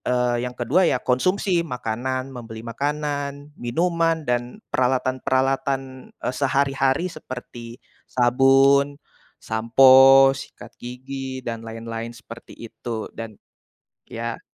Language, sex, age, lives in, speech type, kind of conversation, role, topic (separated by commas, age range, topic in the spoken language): Indonesian, male, 20-24, Indonesia, conversation, unstructured, none, Bagaimana kamu mengatur pengeluaran saat gaji pas-pasan?